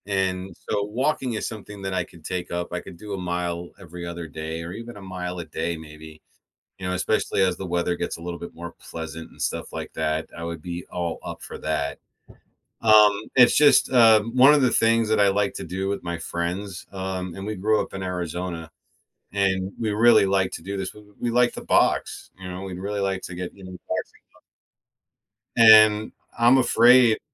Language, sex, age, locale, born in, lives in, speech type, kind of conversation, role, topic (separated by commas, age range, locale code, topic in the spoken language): English, male, 45-49, en-US, United States, United States, conversation, advice, user, How can I decide whether a major life change would help me find more meaning and happiness?